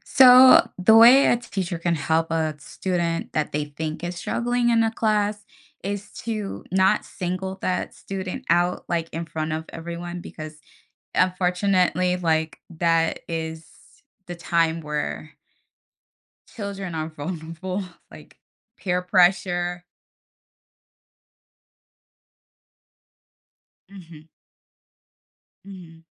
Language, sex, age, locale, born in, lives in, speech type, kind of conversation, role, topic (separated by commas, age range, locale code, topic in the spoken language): English, female, 30-34, en-US, United States, United States, conversation, podcast, guest, What are the most effective ways teachers can support students who face challenges in learning?